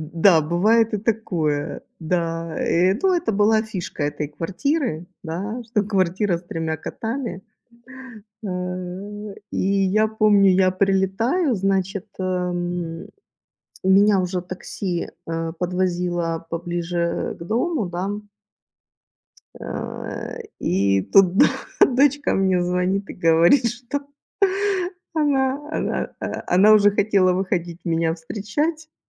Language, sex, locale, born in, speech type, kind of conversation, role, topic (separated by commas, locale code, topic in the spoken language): Russian, female, ru-RU, Ukraine, conversation, unstructured, none, Что вы думаете о выборе между кошкой и собакой?